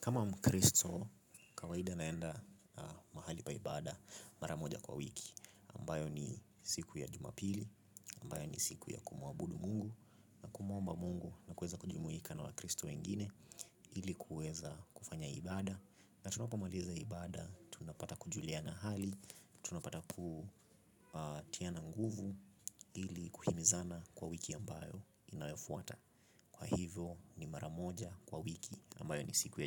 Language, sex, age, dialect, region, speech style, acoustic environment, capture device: Swahili, male, 25-34, Kenya - Nairobi Sheng-influenced, Kenya, relaxed, construction, mobile / Linux / Chrome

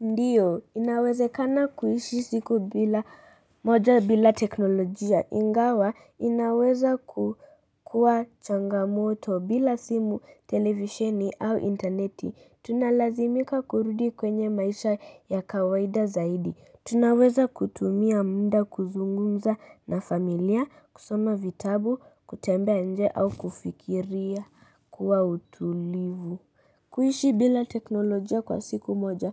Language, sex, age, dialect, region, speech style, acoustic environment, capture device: Swahili, female, 35-44, Kenya - Nairobi Sheng-influenced, Kenya, focused, silence, mobile / Linux / Chrome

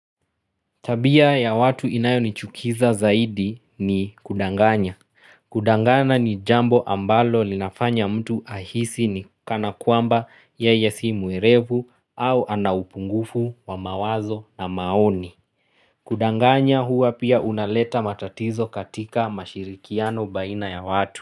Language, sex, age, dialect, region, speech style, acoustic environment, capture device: Swahili, male, 25-34, Kenya - Nairobi Sheng-influenced, Kenya, relaxed, silence, mobile / Linux / Chrome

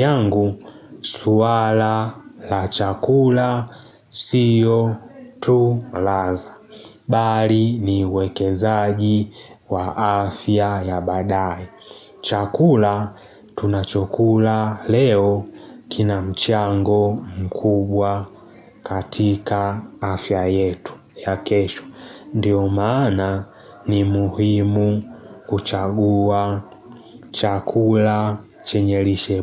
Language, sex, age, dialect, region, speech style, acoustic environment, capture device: Swahili, male, 18-24, Tanzania - Dar es Salaam, Tanzania, happy; excited; focused; relaxed, people talking; nature, mobile / Linux / Chrome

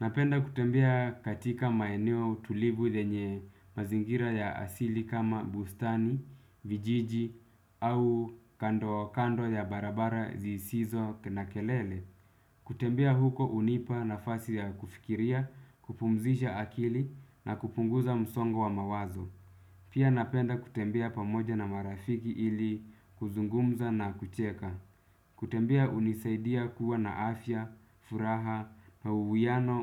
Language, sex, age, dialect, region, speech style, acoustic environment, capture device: Swahili, male, 25-34, Kenya - Nairobi Sheng-influenced, Kenya, relaxed; focused, music; people talking, desktop / Windows / Chrome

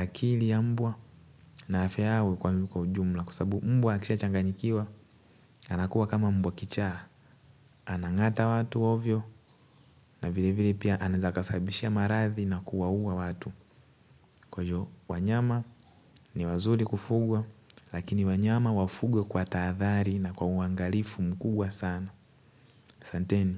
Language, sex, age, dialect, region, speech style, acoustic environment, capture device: Swahili, male, 18-24, Tanzania - Dar es Salaam, Tanzania, happy; focused, people talking; nature, mobile / Linux / Chrome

